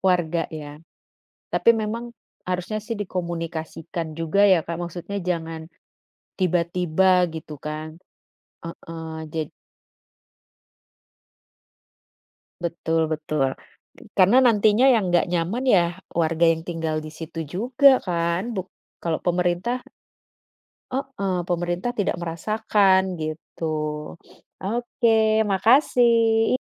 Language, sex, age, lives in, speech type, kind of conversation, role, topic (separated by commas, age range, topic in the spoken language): Indonesian, female, 35-39, Netherlands, conversation, unstructured, none, Apakah kamu setuju bahwa banyak tempat wisata menjadi terlalu komersial dan kehilangan keasliannya?